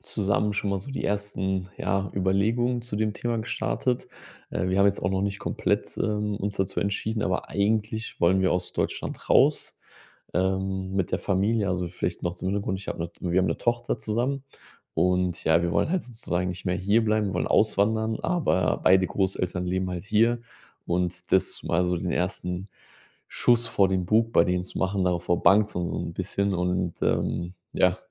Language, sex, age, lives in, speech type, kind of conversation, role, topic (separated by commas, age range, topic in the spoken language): German, male, 30-34, Germany, conversation, advice, user, Wie kann ich ein schwieriges Gespräch mit meinen Eltern über meine Lebensentscheidungen führen?